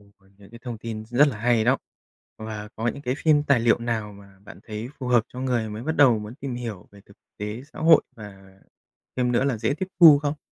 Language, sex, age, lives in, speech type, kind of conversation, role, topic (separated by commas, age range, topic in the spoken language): Vietnamese, male, 30-34, Japan, read, expert-assistant, asker, Ảnh hưởng của việc xem phim tài liệu đến kiến thức thực tế